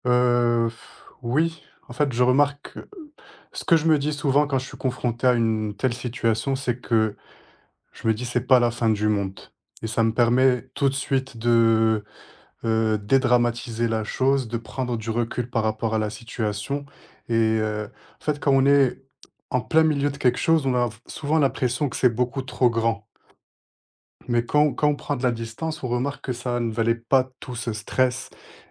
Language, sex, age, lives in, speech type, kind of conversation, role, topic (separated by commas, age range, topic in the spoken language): French, male, 25-29, France, conversation, podcast, guest, Quel conseil donnerais-tu pour apprendre à dire non sans culpabiliser ?